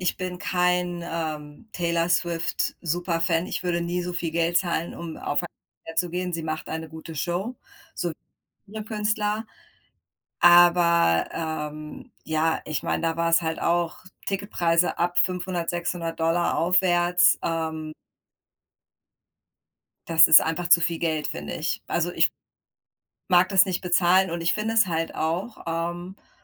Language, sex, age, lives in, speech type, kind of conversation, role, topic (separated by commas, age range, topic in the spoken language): German, female, 40-44, United States, conversation, unstructured, none, Was ärgert dich an den Ticketpreisen für Konzerte?